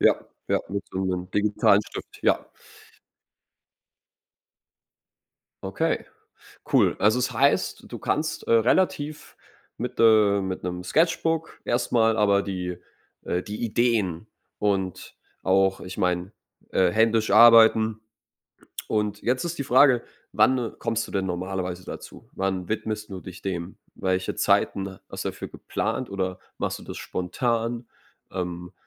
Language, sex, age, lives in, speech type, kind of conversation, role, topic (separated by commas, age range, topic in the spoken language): German, male, 25-29, Germany, conversation, advice, advisor, Wie kann ich bei begrenzter Zeit Prioritäten setzen und trotzdem realistische Ziele erreichen?